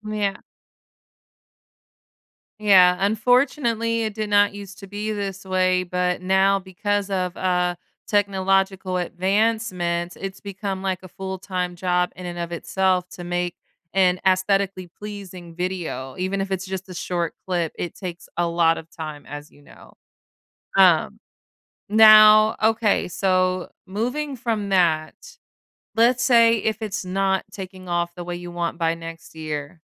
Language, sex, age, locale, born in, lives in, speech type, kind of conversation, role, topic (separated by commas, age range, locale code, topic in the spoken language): English, female, 30-34, en-US, United States, United States, conversation, advice, advisor, How can I use failure to motivate me to try again?